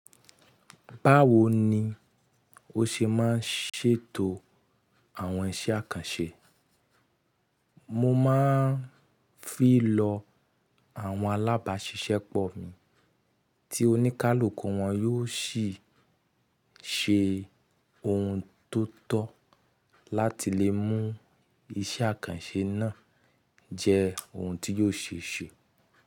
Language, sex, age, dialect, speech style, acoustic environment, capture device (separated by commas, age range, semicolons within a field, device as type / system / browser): Yoruba, male, 25-34, Nigeria - Nigerian Standard, happy; relaxed; excited; focused, nature; silence; people talking, mobile / Linux / Chrome